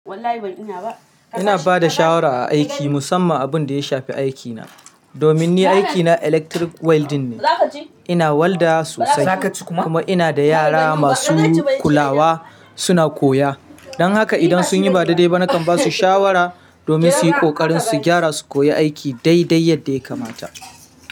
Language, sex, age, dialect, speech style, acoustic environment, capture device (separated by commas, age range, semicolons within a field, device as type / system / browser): Hausa, male, 35-44, Nigeria - Kano, happy, silence, mobile / Linux / Chrome